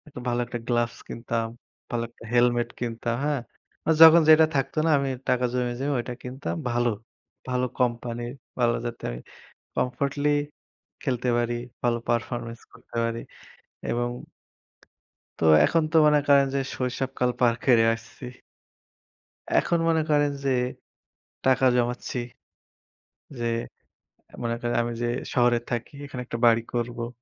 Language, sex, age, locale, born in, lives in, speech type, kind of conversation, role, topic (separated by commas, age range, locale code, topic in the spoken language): Bengali, male, 25-29, bn-BD, Bangladesh, Bangladesh, conversation, unstructured, none, টাকা সঞ্চয় করে আপনি কীভাবে আপনার স্বপ্ন পূরণ করেন?